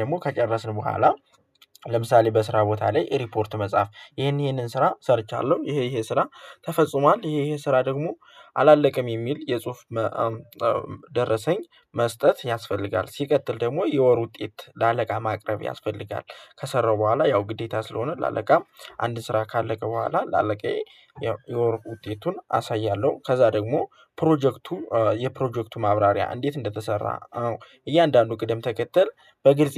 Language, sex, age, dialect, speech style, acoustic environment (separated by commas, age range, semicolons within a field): Amharic, male, 18-24, Ethiopia - Gojjam, happy, silence